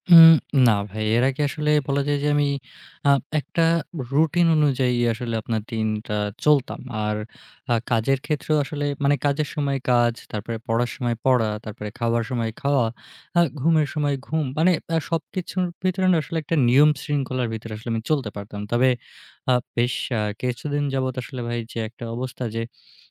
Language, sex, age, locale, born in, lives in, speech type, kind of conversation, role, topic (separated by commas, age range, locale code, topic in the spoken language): Bengali, male, 20-24, bn-BD, Bangladesh, Bangladesh, conversation, advice, user, দৈনন্দিন রুটিন বা পরিকল্পনা ছাড়া দিন কাটাতে আপনার কেমন লাগে?